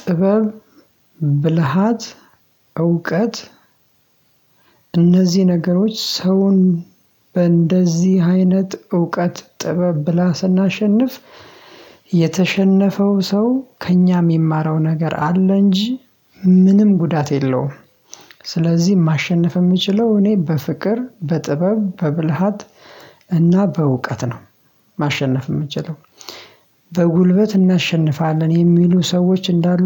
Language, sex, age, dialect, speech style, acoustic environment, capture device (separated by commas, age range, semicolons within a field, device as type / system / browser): Amharic, male, 25-34, Ethiopia - Addis Ababa, happy, silence, mobile / Linux / Chrome